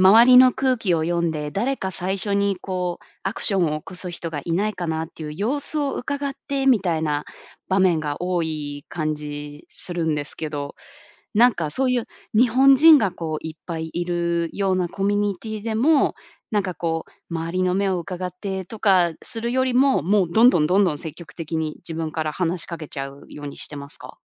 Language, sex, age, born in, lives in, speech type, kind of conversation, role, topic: Japanese, female, 30-34, Japan, United States, conversation, podcast, host, コミュニティに参加する不安をどう乗り越える？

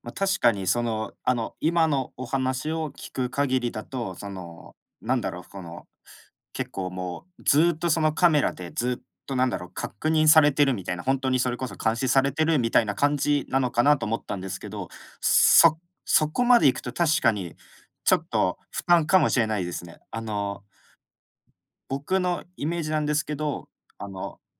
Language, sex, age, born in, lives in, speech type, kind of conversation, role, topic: Japanese, male, 25-29, Japan, Japan, conversation, unstructured, none, テクノロジーによる監視社会は嫌だと思いますか？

